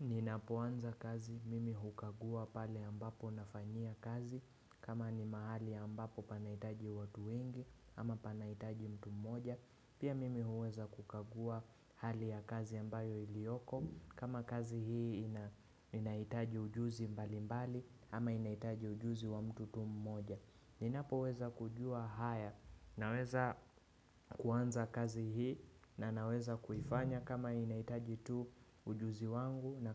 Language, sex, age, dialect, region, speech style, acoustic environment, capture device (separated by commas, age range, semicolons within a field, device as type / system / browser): Swahili, male, 25-34, Kenya - Nairobi Sheng-influenced, Kenya, relaxed; focused, nature; silence, desktop / Windows / Chrome